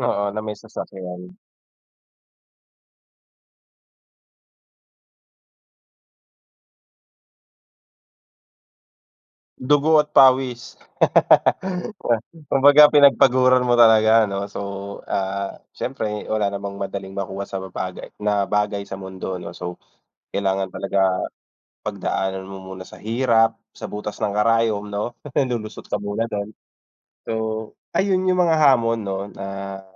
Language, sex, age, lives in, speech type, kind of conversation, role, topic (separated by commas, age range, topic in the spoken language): Filipino, male, 30-34, Philippines, conversation, unstructured, none, Ano ang naramdaman mo nang makamit mo ang isa sa pinakamalalaking pangarap mo?